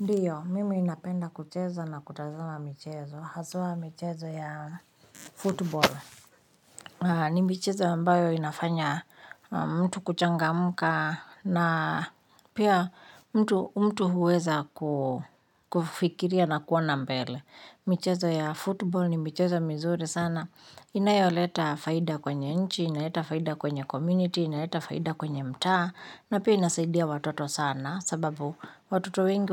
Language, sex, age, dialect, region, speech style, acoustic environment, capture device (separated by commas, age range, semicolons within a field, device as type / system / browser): Swahili, female, 35-44, Kenya - Nairobi Sheng-influenced, Kenya, happy, nature, mobile / Linux / Chrome